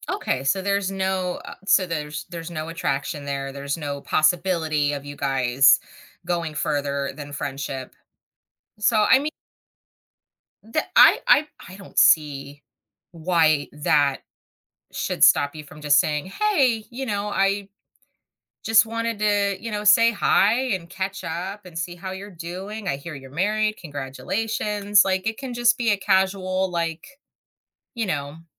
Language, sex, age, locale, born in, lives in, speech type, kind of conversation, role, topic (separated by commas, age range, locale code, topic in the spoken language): English, female, 35-39, en-US, United States, United States, conversation, advice, advisor, How can I reconnect with a close friend who drifted apart?